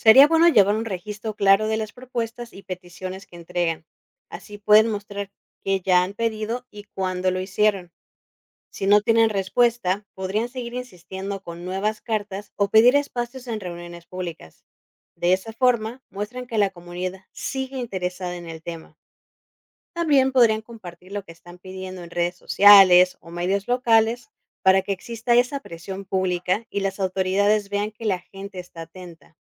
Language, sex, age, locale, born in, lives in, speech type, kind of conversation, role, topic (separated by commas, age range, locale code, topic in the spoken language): Spanish, female, 30-34, es-MX, Mexico, Mexico, read, expert-assistant, expert, ¿Por qué es fundamental reducir el consumo de agua?